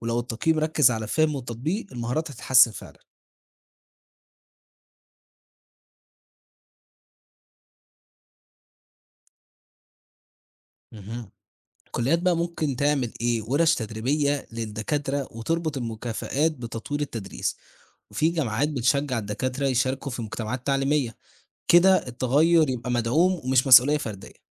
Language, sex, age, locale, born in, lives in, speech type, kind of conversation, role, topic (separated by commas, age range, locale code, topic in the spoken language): Arabic, male, 18-19, ar-EG, Egypt, Egypt, read, expert-assistant, expert, مناهج التعليم القائم على المشروعات ولا المناهج المعتمدة على الحفظ؟ مين فيهم بيساعد الطلبة أكتر في سوق الشغل؟